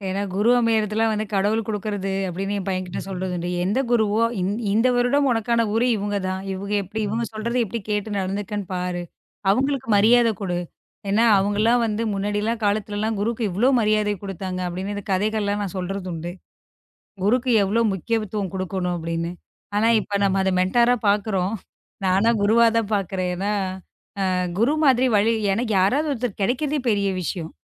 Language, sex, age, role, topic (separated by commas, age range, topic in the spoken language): Tamil, female, 35-39, guest, ஒரு நல்ல வழிகாட்டிக்கு என்னென்ன பண்புகள் இருக்க வேண்டும்?